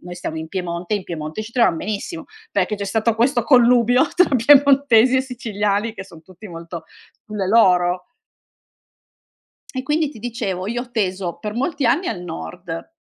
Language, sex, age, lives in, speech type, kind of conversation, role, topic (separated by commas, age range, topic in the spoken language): Italian, female, 45-49, Italy, conversation, podcast, guest, In che modo la migrazione ha influenzato la tua identità?